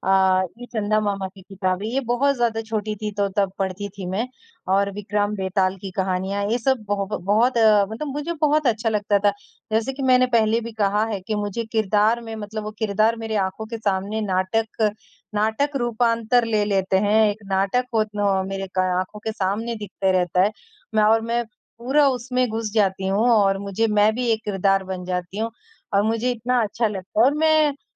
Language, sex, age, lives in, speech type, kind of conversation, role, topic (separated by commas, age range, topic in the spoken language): Hindi, male, 18-19, India, conversation, unstructured, none, किताबें पढ़ने से आपको सबसे ज़्यादा खुशी किस बात से मिलती है?